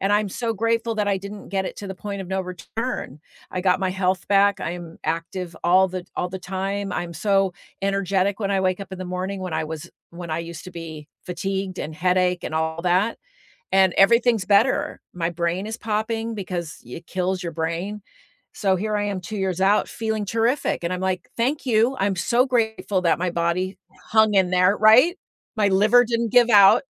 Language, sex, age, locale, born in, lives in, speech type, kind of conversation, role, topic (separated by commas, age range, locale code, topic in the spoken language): English, female, 60-64, en-US, United States, United States, conversation, unstructured, none, When do you feel the most grateful?